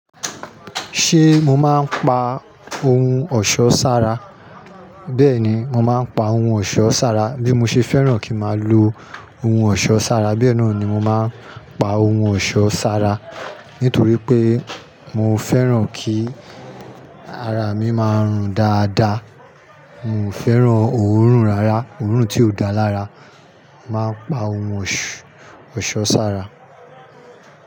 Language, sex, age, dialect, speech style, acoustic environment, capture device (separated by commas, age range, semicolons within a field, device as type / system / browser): Yoruba, male, 35-44, Nigeria - Nigerian Standard, happy; anxious; nervous; excited; relaxed, people talking; construction; nature, mobile / Linux / Chrome